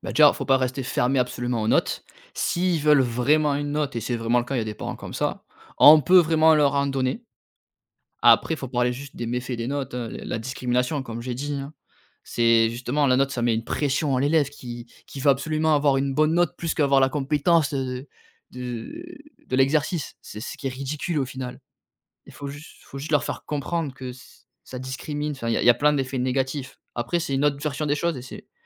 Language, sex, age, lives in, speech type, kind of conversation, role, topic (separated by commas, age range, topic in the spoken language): French, male, 30-34, France, conversation, podcast, guest, Comment évaluer sans tout convertir en notes ?